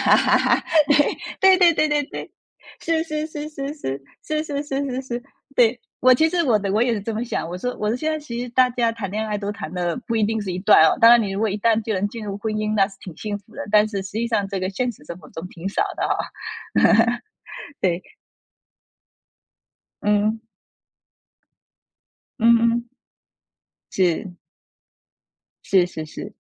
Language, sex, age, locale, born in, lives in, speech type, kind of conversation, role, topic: Chinese, female, 35-39, zh-TW, China, United States, conversation, podcast, host, 你如何看待“无痕山林”这一原则？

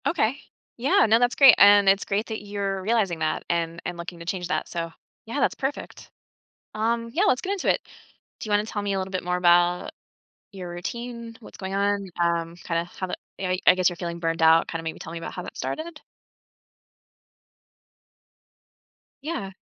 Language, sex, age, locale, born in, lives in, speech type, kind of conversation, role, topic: English, female, 40-44, en-US, United States, United States, conversation, advice, advisor, How can you better manage your time between your personal life and your professional responsibilities?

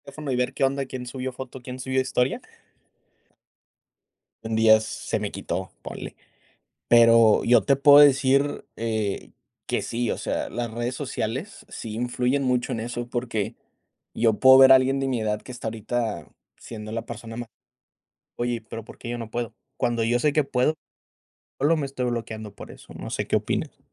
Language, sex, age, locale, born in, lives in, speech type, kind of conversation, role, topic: Spanish, male, 25-29, es-MX, Mexico, United States, conversation, unstructured, none, ¿Qué significa para ti tener una buena autoestima?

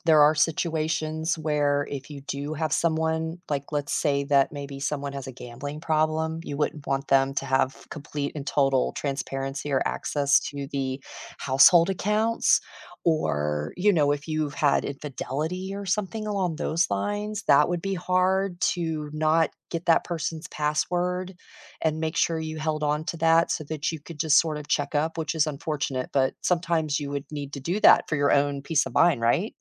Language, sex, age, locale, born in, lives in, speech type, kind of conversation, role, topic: English, female, 50-54, en-US, United States, United States, conversation, unstructured, none, Should couples always share their passwords with each other?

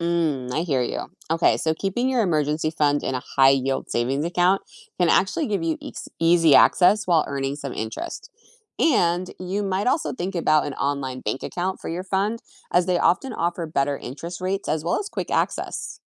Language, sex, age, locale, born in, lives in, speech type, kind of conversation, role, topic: English, female, 40-44, en-US, United States, United States, read, expert-assistant, expert, What is the importance of financial literacy?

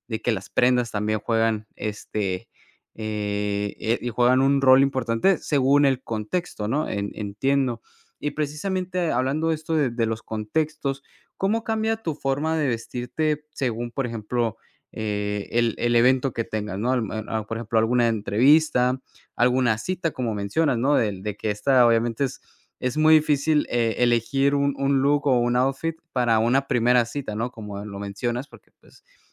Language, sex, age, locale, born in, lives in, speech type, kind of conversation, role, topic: Spanish, male, 20-24, es-MX, Mexico, United States, conversation, podcast, host, ¿Cómo te vistes para sentirte segura?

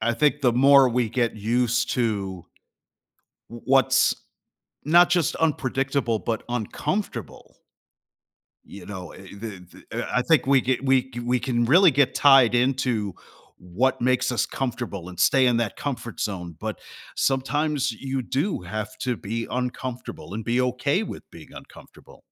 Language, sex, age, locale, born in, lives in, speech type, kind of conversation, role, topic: English, male, 45-49, en-US, United States, United States, conversation, podcast, host, How can people find direction when they feel uncertain about their future?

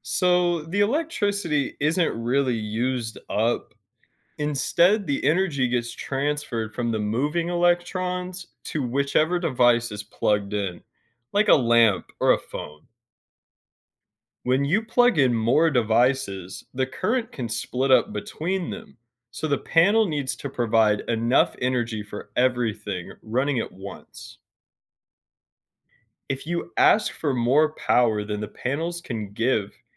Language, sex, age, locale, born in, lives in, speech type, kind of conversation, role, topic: English, male, 25-29, en-US, United States, United States, read, expert-assistant, expert, How do solar panels generate electricity?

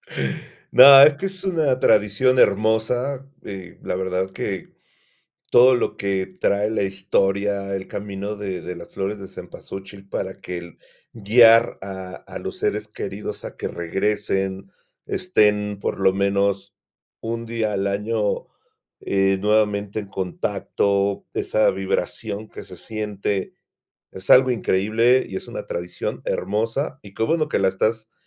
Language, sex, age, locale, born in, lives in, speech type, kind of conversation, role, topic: Spanish, male, 50-54, es-MX, Mexico, Mexico, conversation, podcast, host, ¿Cómo ha cambiado la tradición en tu familia a raíz de la migración?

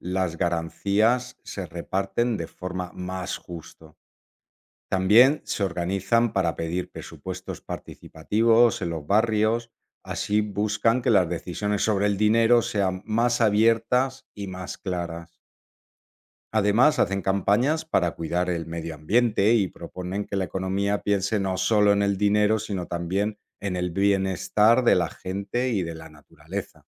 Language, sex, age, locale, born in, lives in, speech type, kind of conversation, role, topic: Spanish, male, 45-49, es-ES, Spain, Italy, read, expert-assistant, expert, Cómo la historia ayuda a comprender el presente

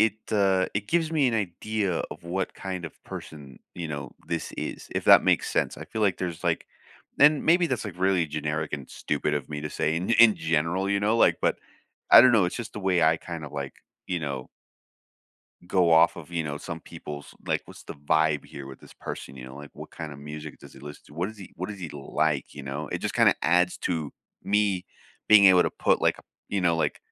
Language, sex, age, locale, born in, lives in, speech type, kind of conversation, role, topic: English, male, 30-34, en-US, United States, United States, conversation, unstructured, none, Which soundtrack has been your unofficial theme music this week, and what moments has it been scoring?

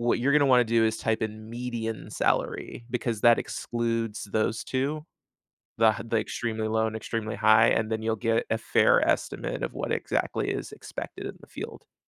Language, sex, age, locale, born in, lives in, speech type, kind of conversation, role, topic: English, male, 35-39, en-US, United States, United States, conversation, advice, advisor, How do I adjust to my new role and responsibilities after an unexpected promotion?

